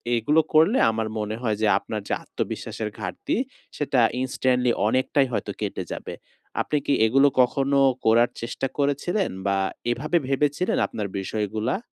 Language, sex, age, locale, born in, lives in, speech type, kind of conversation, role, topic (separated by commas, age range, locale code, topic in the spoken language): Bengali, male, 20-24, bn-BD, Bangladesh, Bangladesh, conversation, advice, advisor, ভিড়ের মধ্যে কীভাবে আরাম ও আত্মবিশ্বাস বোধ করতে পারি?